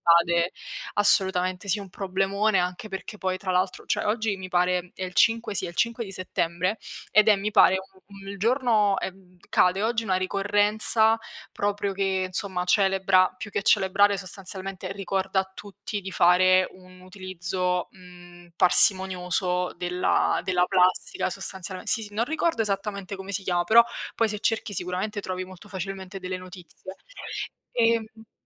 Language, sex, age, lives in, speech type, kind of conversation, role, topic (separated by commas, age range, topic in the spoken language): Italian, female, 20-24, Italy, conversation, unstructured, none, Qual è la scoperta scientifica che ti ha stupito di più?